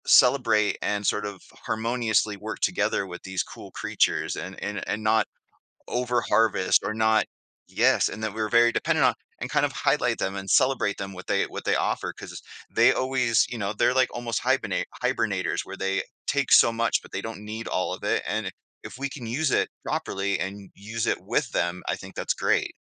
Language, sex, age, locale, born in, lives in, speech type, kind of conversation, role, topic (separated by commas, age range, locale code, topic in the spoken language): English, male, 40-44, en-US, Canada, United States, conversation, podcast, host, Why are healthy bee populations essential for our environment and food supply?